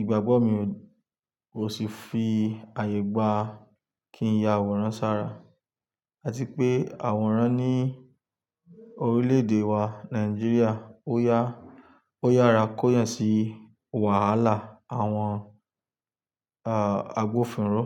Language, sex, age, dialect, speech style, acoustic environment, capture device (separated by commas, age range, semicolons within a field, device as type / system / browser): Yoruba, male, 25-34, Nigeria - Lagos Yoruba, focused; stressed, nature; silence, desktop / Linux / Chrome